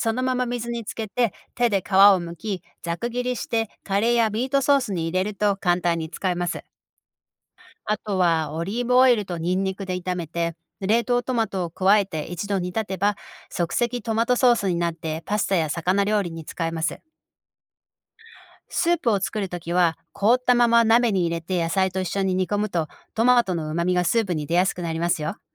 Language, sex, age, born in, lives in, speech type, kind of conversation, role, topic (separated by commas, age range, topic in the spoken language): Japanese, female, 45-49, Japan, United States, read, expert-assistant, expert, なぜトマトは赤くなるのか？